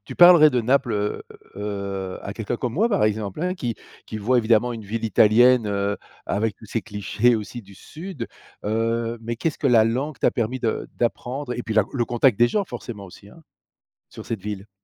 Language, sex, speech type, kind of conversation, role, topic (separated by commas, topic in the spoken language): French, male, conversation, podcast, host, Comment la langue de tes grands-parents se maintient-elle encore aujourd’hui ?